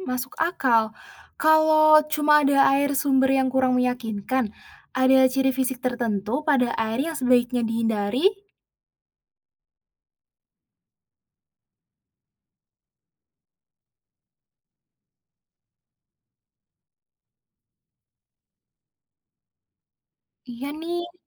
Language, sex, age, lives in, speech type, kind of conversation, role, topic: Indonesian, female, 20-24, Indonesia, read, expert-assistant, asker, Benarkah tidak perlu membawa alat filter air saat mendaki di pegunungan Indonesia karena sumber air pegunungan dianggap selalu bersih? Apa fakta sebenarnya dan risiko yang mungkin terjadi?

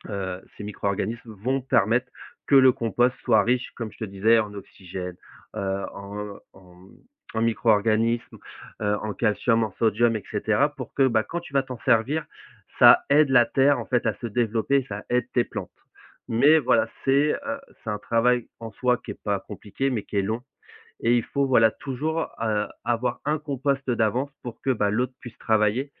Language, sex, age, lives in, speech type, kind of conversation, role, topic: French, male, 35-39, France, conversation, podcast, guest, Peux-tu expliquer simplement comment composter chez soi ?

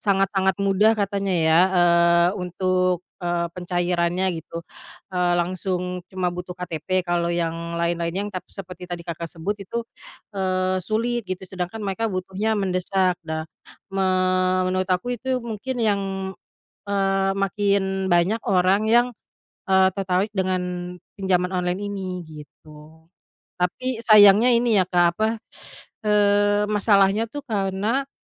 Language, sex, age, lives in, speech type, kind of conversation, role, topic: Indonesian, female, 30-34, Indonesia, conversation, unstructured, none, Apa pendapatmu tentang pinjaman daring yang sering menimbulkan masalah?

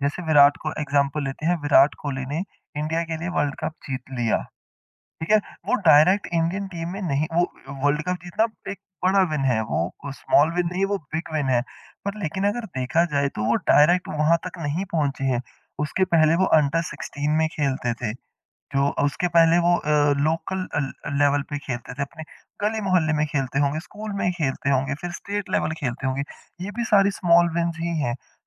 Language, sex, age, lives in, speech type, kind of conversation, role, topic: Hindi, male, 25-29, India, conversation, podcast, guest, Roz tum kaunsi cheez ko apni chhoti jeet maante ho?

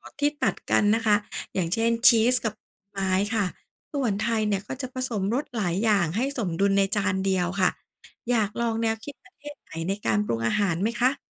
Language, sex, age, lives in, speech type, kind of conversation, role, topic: Thai, female, 40-44, Thailand, read, expert-assistant, expert, กลไกการเกิดรสชาติในอาหารเกิดขึ้นได้อย่างไร? ทำไมบางรสถึงเข้ากันดีหรือขัดแย้งกัน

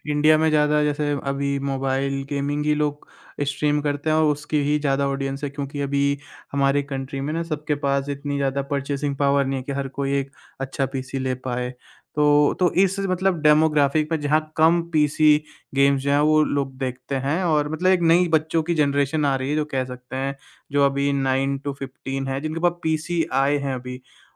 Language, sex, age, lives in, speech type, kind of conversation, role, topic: Hindi, male, 20-24, India, conversation, podcast, host, Tum social media par apni pehchaan kaise dikhate ho?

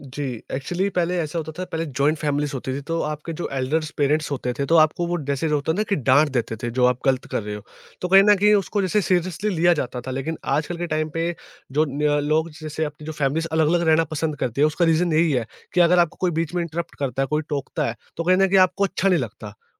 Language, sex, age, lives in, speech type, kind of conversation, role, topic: Hindi, male, 20-24, India, conversation, podcast, guest, Tumhare hisaab se log akela kyun mehsoos karte hain?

